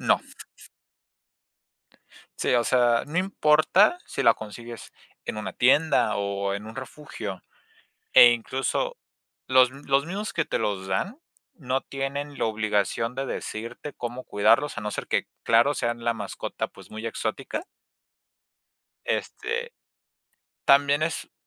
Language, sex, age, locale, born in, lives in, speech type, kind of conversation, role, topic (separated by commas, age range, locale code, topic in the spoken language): Spanish, male, 20-24, es-MX, Mexico, Mexico, conversation, unstructured, none, ¿Es correcto comprar mascotas en tiendas o es mejor adoptarlas en refugios?